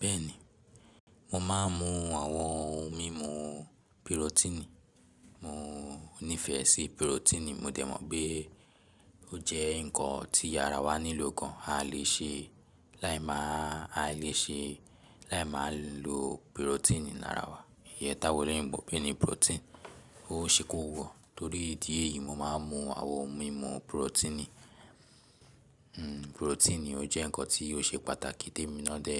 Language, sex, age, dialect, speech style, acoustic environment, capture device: Yoruba, male, 18-24, Nigeria - Lagos Yoruba, relaxed, silence, mobile / Linux / Chrome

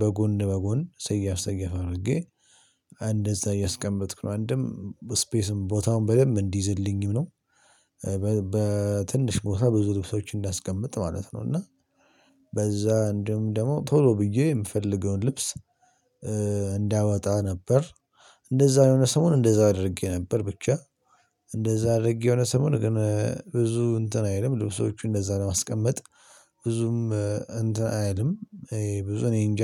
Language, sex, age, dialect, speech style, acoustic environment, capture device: Amharic, male, 18-24, Ethiopia - Addis Ababa, happy, people talking, mobile / Linux / Chrome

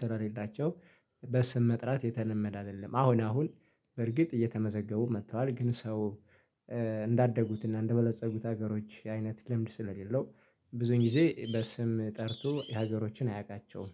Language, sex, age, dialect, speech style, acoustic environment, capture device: Amharic, male, 25-34, Ethiopia - Gojjam, excited, nature, mobile / Linux / Chrome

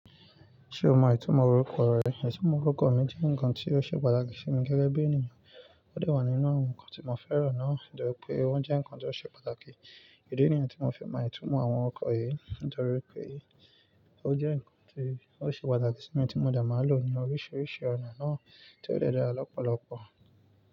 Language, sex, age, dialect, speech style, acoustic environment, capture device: Yoruba, male, 18-24, Nigeria - Nigerian Standard, excited, nature, mobile / Linux / Chrome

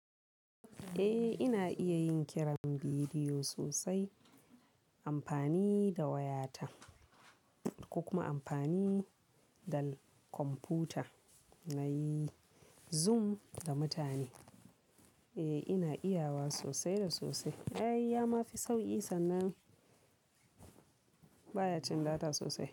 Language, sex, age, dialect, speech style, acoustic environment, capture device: Hausa, female, 18-24, Nigeria - Kano, focused, people talking; silence; appliances, mobile / Linux / Chrome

